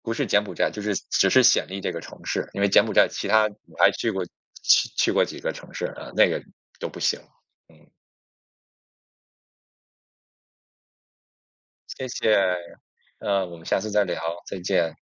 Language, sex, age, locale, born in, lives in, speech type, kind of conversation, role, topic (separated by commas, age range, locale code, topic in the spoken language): Chinese, male, 40-44, zh-CN, China, United States, conversation, podcast, guest, 你最难忘的一次旅行经历是什么？